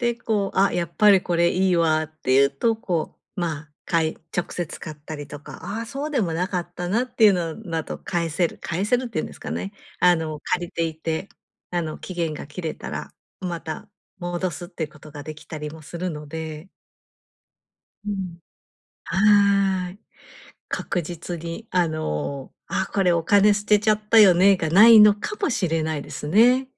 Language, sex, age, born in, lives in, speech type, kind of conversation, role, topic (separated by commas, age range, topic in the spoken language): Japanese, female, 50-54, Japan, Japan, conversation, advice, advisor, 必要なものと贅沢品はどうやって見分ければよいですか？